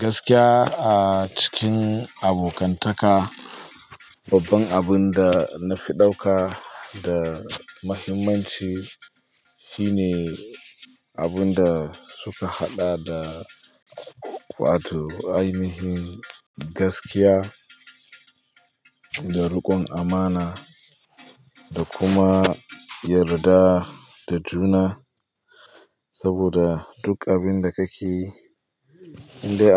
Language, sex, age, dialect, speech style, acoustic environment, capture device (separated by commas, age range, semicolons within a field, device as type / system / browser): Hausa, male, 25-34, Nigeria - Pidgin-influenced, focused, people talking; nature, mobile / Linux / Chrome